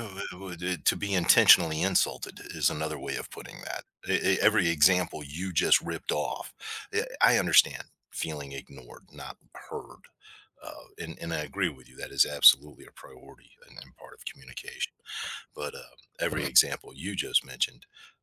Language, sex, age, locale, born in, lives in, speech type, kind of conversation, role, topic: English, male, 55-59, en-US, United States, United States, conversation, podcast, host, How can everyday behaviors impact the way we communicate with others?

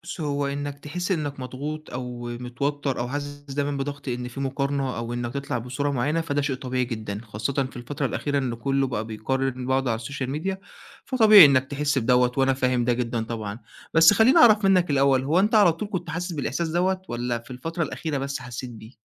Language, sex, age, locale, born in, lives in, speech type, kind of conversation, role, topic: Arabic, male, 20-24, ar-EG, Egypt, Egypt, conversation, advice, advisor, إزاي بتوصّف إحساسك بالتعب من إنك تفضل محافظ/ة على صورة مبسوطة على السوشيال ميديا؟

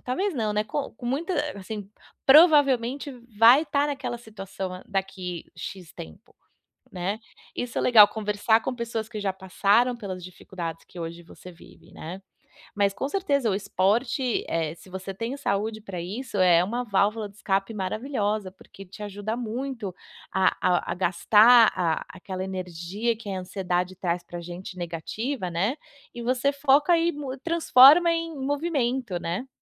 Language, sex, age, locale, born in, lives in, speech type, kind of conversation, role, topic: Portuguese, female, 35-39, pt-BR, Brazil, United States, conversation, advice, advisor, Como posso lidar com a ansiedade causada pela incerteza?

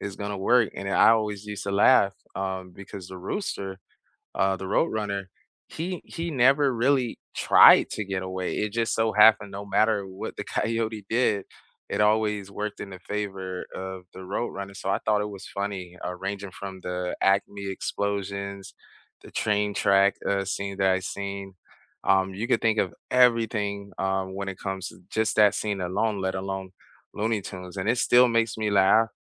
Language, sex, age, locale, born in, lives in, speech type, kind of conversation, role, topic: English, male, 40-44, en-US, United States, United States, conversation, unstructured, none, What cartoons from your childhood still make you laugh?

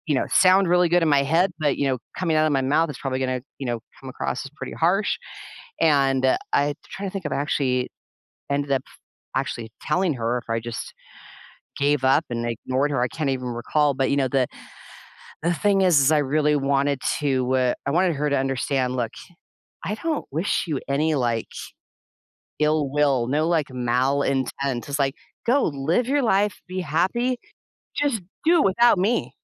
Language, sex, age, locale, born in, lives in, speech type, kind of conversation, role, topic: English, female, 55-59, en-US, United States, United States, conversation, advice, user, How can I move forward and heal after ending a toxic friendship?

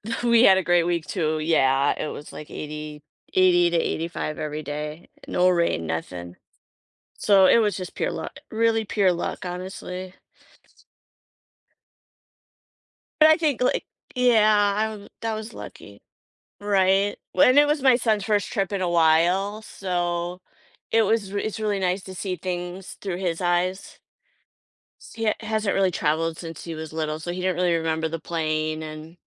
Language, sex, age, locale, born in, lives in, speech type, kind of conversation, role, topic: English, female, 50-54, en-US, United States, United States, conversation, podcast, guest, How do personal connections and unexpected moments shape our most meaningful travel experiences?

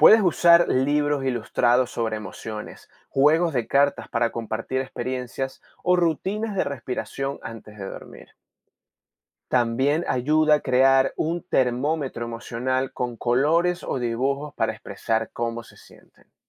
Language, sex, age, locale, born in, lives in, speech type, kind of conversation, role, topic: Spanish, male, 30-34, es-VE, Venezuela, Spain, read, expert-assistant, expert, ¿Por qué es importante enseñar inteligencia emocional y manejo del estrés desde la infancia?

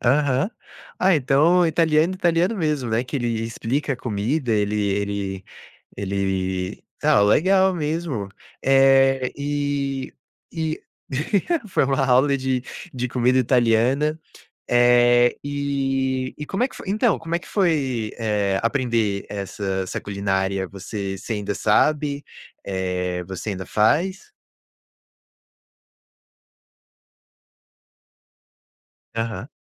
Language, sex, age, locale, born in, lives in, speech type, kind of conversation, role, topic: Portuguese, male, 20-24, pt-BR, Brazil, United States, conversation, podcast, host, Você pode me contar sobre um encontro que parecia cena de filme?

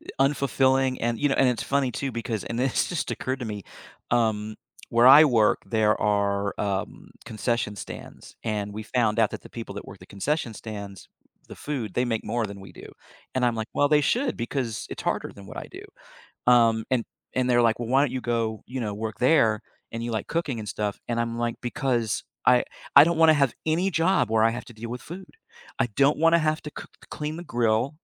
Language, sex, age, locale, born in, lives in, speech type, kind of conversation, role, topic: English, male, 55-59, en-US, United States, United States, conversation, podcast, guest, How do you like to express yourself creatively?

